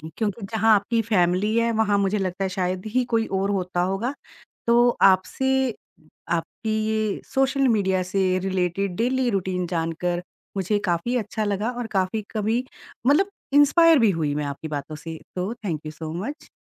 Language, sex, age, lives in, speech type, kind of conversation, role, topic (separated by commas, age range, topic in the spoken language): Hindi, female, 45-49, India, conversation, podcast, host, Social media tumhari daily life par kaisa asar daalta hai?